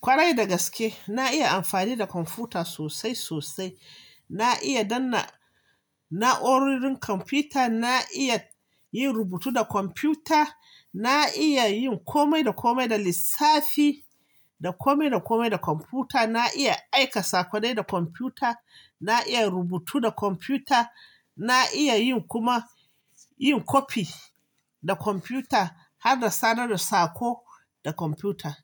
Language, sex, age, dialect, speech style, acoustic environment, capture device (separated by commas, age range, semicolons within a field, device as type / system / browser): Hausa, male, 25-34, Nigeria - Kano, relaxed, silence, mobile / Linux / Chrome